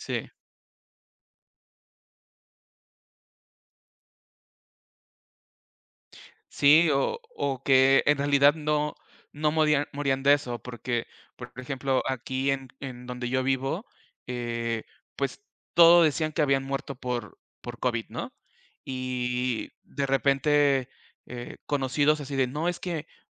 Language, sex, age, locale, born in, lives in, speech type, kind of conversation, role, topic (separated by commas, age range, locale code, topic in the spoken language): Spanish, male, 35-39, es-MX, Mexico, Mexico, conversation, unstructured, none, ¿Crees que los medios de comunicación dicen la verdad o manipulan la información?